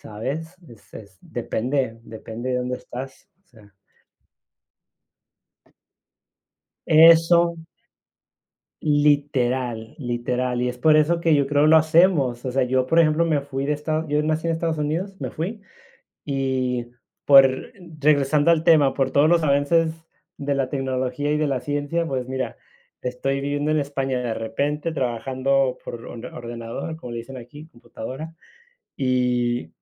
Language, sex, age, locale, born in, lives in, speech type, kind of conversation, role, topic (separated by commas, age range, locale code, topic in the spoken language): Spanish, male, 35-39, es-US, United States, Spain, conversation, unstructured, none, ¿Qué invento científico te parece el más útil?